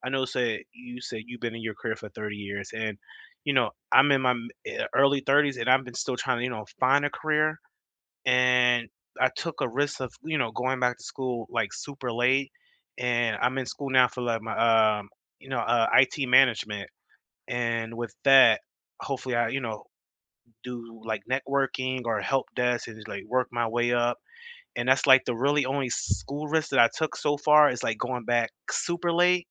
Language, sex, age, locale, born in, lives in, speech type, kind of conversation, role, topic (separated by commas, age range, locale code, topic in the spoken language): English, male, 30-34, en-US, United States, United States, conversation, unstructured, none, How do you decide which risks are worth taking and who to trust at work?